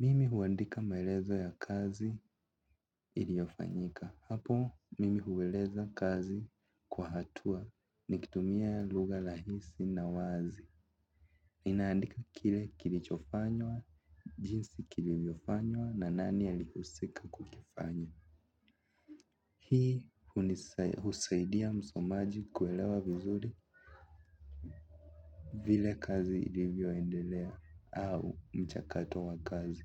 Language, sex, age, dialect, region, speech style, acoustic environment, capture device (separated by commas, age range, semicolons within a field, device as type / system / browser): Swahili, male, 18-24, Kenya - Nairobi Sheng-influenced, Kenya, relaxed; focused, music, mobile / Linux / Chrome